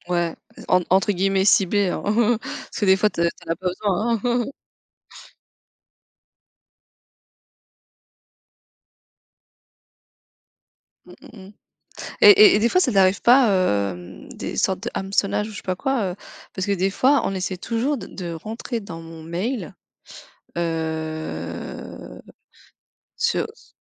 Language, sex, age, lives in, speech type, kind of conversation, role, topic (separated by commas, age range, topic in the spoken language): French, female, 35-39, France, conversation, unstructured, none, Comment les réseaux sociaux ont-ils transformé vos interactions quotidiennes ?